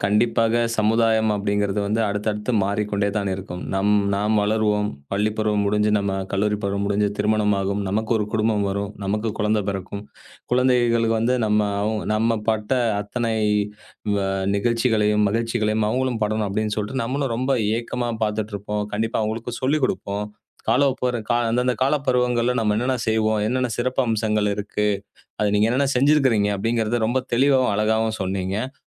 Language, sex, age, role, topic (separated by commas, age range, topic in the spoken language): Tamil, male, 35-39, host, காலப் பருவங்கள் வாழ்க்கையைப் பற்றி என்ன நினைவூட்டுகின்றன?